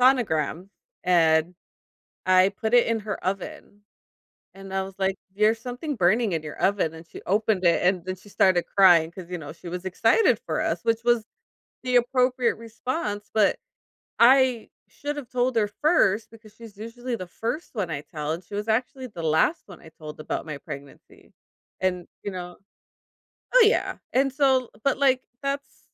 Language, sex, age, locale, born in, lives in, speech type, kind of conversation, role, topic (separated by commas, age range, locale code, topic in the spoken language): English, female, 35-39, en-US, United States, United States, conversation, advice, user, How can I improve my relationships with friends?